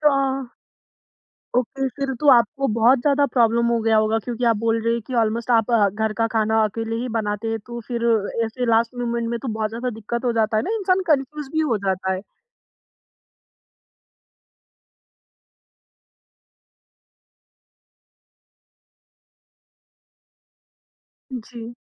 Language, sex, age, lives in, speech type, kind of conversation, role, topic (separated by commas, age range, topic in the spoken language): Hindi, female, 20-24, India, conversation, podcast, host, Mehmaan aane par aapke ghar mein khana parosne ka andaaz kaisa hota hai?